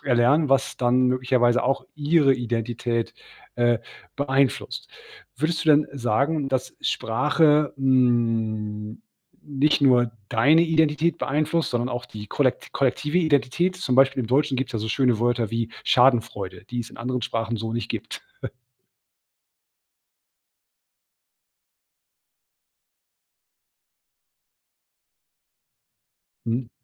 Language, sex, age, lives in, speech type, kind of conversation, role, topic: German, male, 40-44, Germany, conversation, podcast, host, Wie beeinflusst deine Sprache deine Identität?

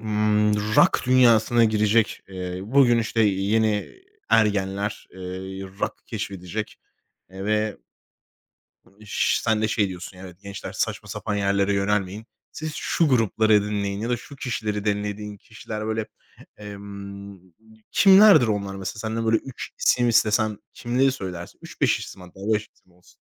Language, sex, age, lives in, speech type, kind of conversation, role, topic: Turkish, male, 25-29, Spain, conversation, podcast, host, Müzik zevkin zaman içinde nasıl değişti ve buna örnek verebilir misin?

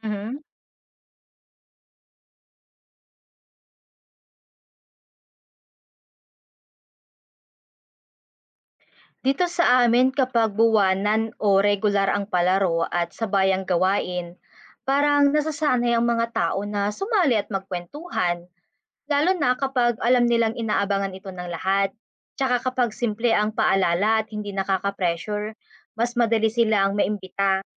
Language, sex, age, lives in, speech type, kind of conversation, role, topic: Filipino, female, 25-29, Philippines, read, expert-assistant, asker, Paano mapapalakas ang pakikilahok at pagkakaisa sa barangay sa gitna ng iba't ibang opinyon at patakaran?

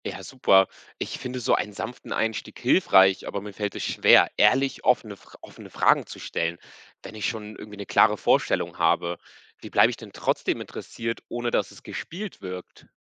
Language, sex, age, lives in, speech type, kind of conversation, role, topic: German, male, 20-24, Portugal, read, expert-assistant, asker, Welche Verhandlungsstrategien sind in Partnerschaften effektiver: Kompromisse eingehen oder klare Grenzen setzen?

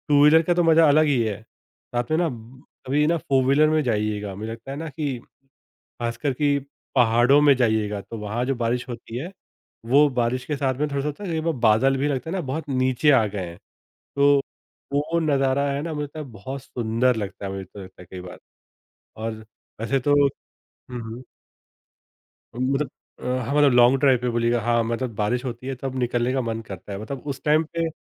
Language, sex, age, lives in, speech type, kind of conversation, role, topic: Hindi, male, 30-34, India, conversation, podcast, guest, Baarish mein aap kaise mindful rehkar us pal ka maza lete hain?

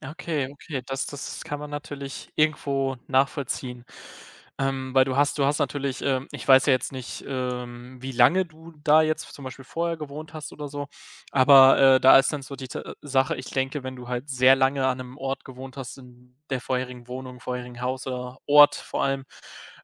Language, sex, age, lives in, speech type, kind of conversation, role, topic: German, male, 20-24, Germany, conversation, unstructured, none, Was bedeutet für dich ein guter Abschied?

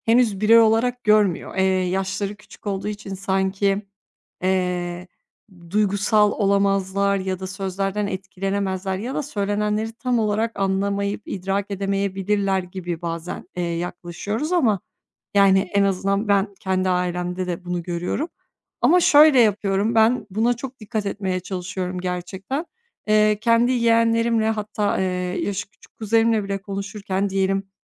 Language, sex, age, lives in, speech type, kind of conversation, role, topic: Turkish, female, 30-34, Bulgaria, conversation, podcast, guest, Eleştiri verirken incitmeden nasıl dürüst olunur?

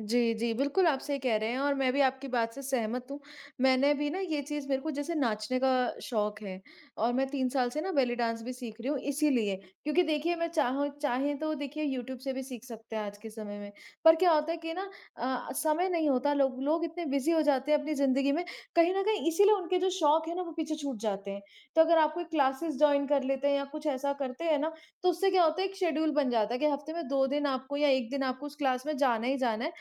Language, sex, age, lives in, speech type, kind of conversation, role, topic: Hindi, female, 25-29, India, conversation, unstructured, none, खुश रहने के लिए आप कौन-कौन से छोटे-छोटे कदम उठाते हैं?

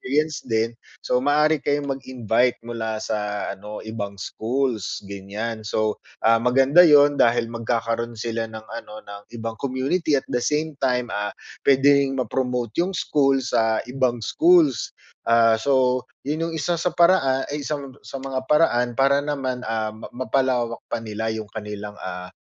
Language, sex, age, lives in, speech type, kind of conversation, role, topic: Filipino, male, 35-39, Philippines, conversation, advice, advisor, Paano ko mapapaunlad ang kumpiyansa ko sa pagtanggap ng papuri?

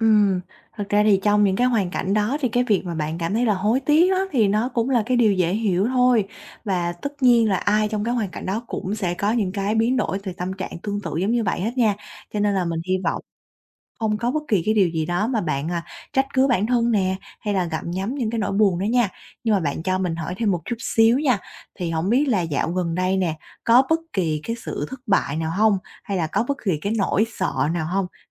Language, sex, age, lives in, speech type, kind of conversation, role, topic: Vietnamese, female, 25-29, Vietnam, conversation, advice, advisor, Nỗi sợ thất bại có đang khiến bạn chần chừ, không dám bắt đầu dự án mới không?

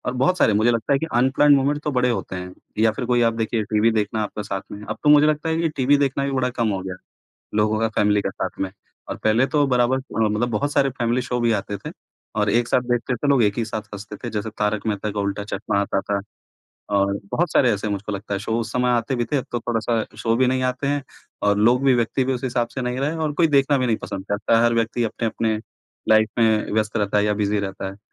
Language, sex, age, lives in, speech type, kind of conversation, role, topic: Hindi, male, 35-39, India, conversation, unstructured, none, आप अपने परिवार के साथ कौन-सी याद को सबसे खास मानते हैं?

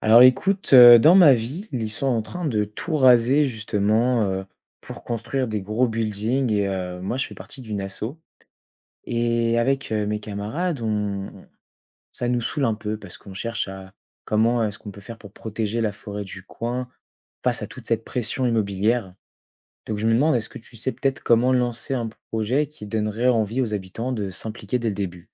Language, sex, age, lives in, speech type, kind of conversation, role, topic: French, male, 20-24, France, read, expert-assistant, asker, Comment organiser concrètement un projet pour protéger une forêt menacée tout en impliquant la communauté locale ?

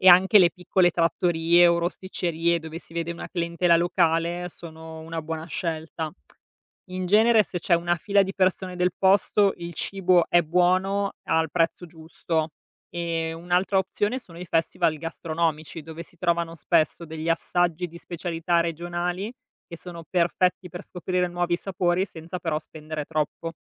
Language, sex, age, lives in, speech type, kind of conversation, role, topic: Italian, female, 35-39, Italy, read, expert-assistant, expert, Come si può viaggiare in Italia in modo autentico e con un budget limitato?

